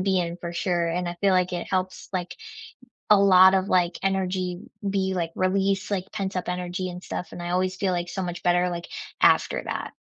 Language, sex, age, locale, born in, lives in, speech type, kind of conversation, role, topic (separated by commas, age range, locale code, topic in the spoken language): English, female, 25-29, en-US, United States, United States, conversation, unstructured, none, What helps you recharge when life gets loud, and how can we support each other?